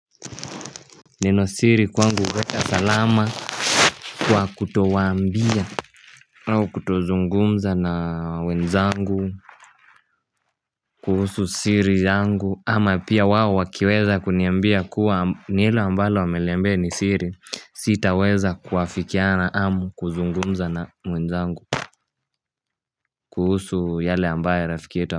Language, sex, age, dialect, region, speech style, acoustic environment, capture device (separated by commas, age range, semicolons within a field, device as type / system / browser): Swahili, male, 18-24, Kenya - Mombasa, Kenya, happy, silence, mobile / Linux / Chrome